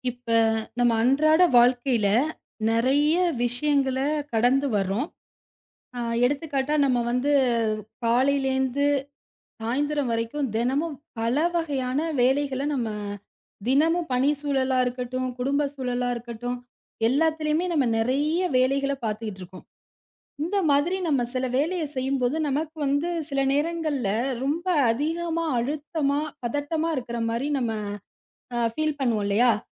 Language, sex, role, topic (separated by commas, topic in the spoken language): Tamil, female, host, அழுத்தம் அல்லது பதட்டம் ஏற்பட்டால் கவனத்தை மீண்டும் எப்படிச் சீராக்குகிறீர்கள்?